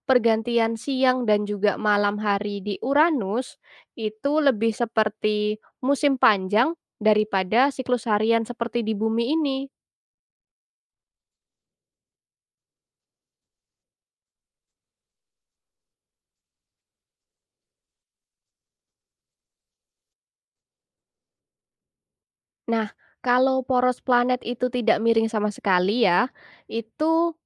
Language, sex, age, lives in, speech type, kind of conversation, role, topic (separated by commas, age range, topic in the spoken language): Indonesian, female, 20-24, Indonesia, read, expert-assistant, expert, Apa yang menyebabkan terjadinya siang dan malam?